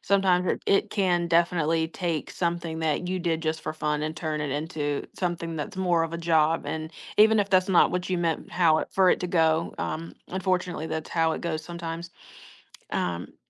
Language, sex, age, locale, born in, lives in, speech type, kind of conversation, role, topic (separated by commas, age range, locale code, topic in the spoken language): English, female, 20-24, en-US, United States, United States, conversation, advice, advisor, How can I balance my work and personal life without feeling overwhelmed?